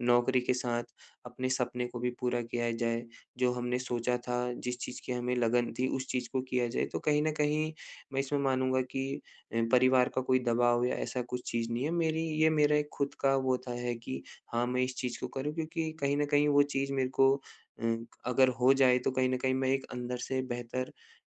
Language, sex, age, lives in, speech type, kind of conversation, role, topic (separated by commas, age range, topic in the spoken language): Hindi, male, 25-29, India, conversation, advice, user, असफलता से हतोत्साहित होने पर क्या करूँ?